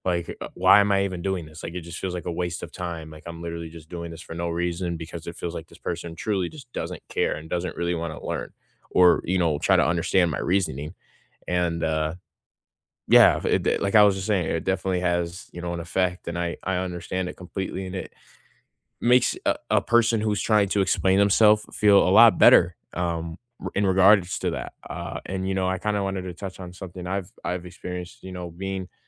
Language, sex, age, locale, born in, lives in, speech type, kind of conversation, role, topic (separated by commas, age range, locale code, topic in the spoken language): English, male, 18-19, en-US, United States, United States, conversation, unstructured, none, How can we respect different opinions during a discussion?